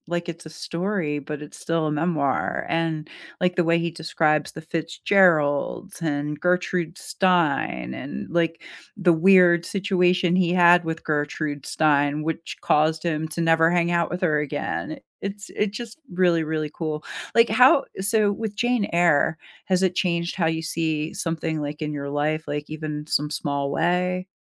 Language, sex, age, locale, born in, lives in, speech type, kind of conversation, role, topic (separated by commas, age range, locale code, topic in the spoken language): English, female, 45-49, en-US, United States, United States, conversation, unstructured, none, What story—book, show, or movie—has been living rent-free in your head lately, and why?